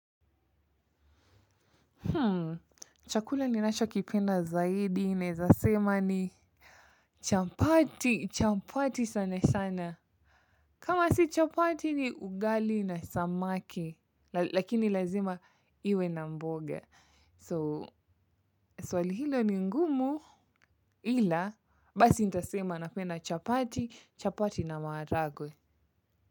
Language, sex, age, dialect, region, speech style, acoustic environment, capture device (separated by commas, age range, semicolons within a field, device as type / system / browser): Swahili, female, 25-34, Kenya - Mombasa, Kenya, happy; relaxed; excited, silence, mobile / Linux / Chrome